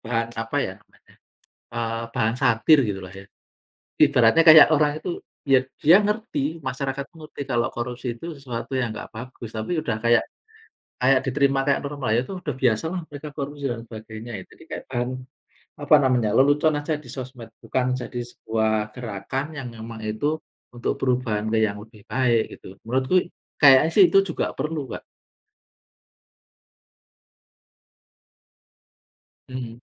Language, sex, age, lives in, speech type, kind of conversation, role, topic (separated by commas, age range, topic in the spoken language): Indonesian, male, 40-44, Indonesia, conversation, unstructured, none, Bagaimana perasaanmu saat melihat kasus korupsi yang terus muncul di berita?